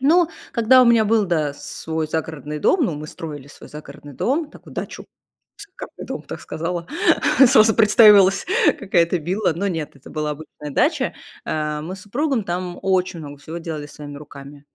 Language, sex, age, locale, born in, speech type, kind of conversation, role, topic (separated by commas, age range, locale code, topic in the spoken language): Russian, female, 35-39, ru-RU, Russia, conversation, podcast, guest, Что делает место по-настоящему тёплым и дружелюбным?